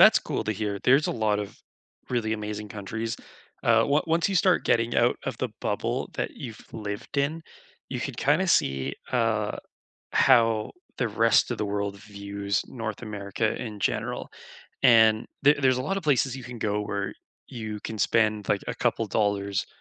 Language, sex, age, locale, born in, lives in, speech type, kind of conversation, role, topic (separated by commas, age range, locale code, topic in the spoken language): English, male, 25-29, en-CA, Canada, Canada, conversation, unstructured, none, What is one new thing you learned this week?